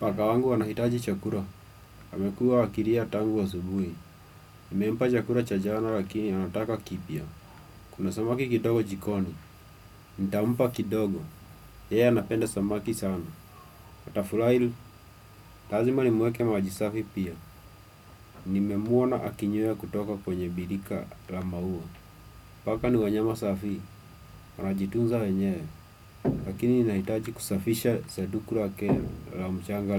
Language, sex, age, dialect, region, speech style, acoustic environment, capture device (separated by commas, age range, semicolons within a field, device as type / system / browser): Swahili, male, 25-34, Kenya - Nairobi Sheng-influenced, Kenya, happy, silence, desktop / Windows / Chrome